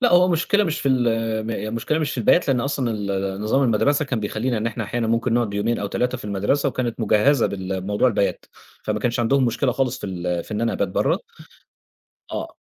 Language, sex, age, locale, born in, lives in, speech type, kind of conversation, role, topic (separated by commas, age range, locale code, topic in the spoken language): Arabic, male, 30-34, ar-EG, Egypt, Egypt, conversation, podcast, guest, إزاي تشرح لأهلك رغباتك من غير ما يزعلوا؟